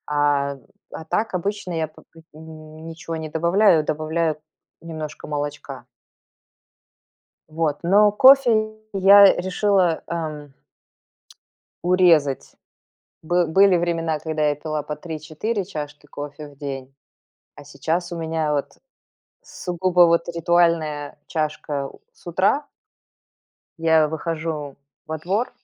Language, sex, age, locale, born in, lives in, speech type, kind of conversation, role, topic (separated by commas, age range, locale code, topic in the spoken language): Russian, female, 45-49, ru-RU, Belarus, United States, conversation, podcast, guest, Как у вас проходит утренний ритуал с чаем или кофе?